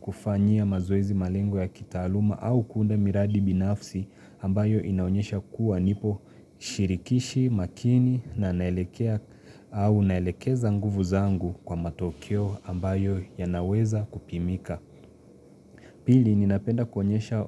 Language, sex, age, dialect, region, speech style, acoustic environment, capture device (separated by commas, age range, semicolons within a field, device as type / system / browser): Swahili, male, 25-34, Kenya - Mombasa, Kenya, relaxed, silence, mobile / Linux / Chrome